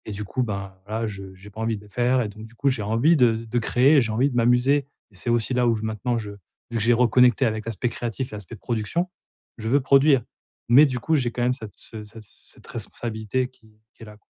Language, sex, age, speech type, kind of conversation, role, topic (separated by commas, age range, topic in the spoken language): French, male, 40-44, conversation, advice, user, Comment puis-je trouver du temps pour créer régulièrement tout en gérant mes responsabilités ?